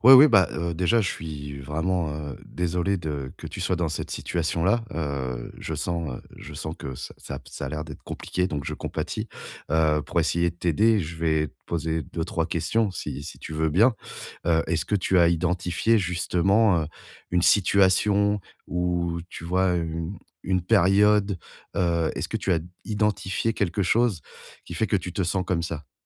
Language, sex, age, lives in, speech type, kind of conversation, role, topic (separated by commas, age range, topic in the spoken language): French, male, 35-39, France, conversation, advice, advisor, Accepter l'incontrôlable et lâcher prise